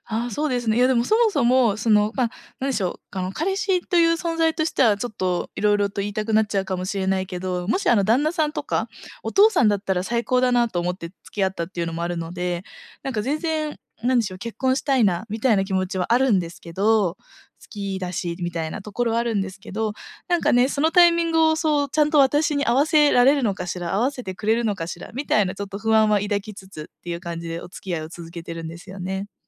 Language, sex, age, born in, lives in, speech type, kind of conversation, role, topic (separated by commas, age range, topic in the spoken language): Japanese, female, 25-29, Japan, Japan, conversation, advice, user, パートナーと長期的な将来の計画（結婚・子ども・住まいなど）をどのように話し合えばよいですか？